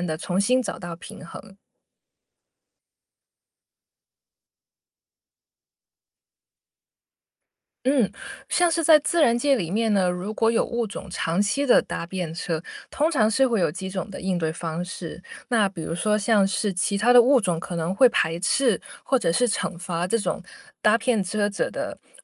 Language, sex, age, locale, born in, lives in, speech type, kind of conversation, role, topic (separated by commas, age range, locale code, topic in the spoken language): Chinese, female, 30-34, zh-CN, China, United States, read, expert-assistant, expert, 在自然界中，有没有类似“互惠互利”这种人际关系的生态现象？能举例说明吗？